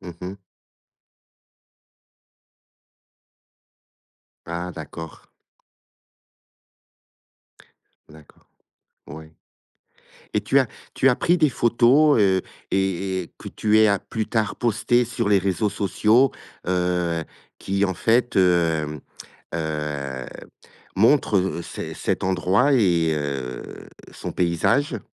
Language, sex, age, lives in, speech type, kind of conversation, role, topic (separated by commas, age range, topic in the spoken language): French, male, 55-59, Portugal, conversation, podcast, host, Peux-tu me parler d’un endroit naturel qui t’a vraiment impressionné ?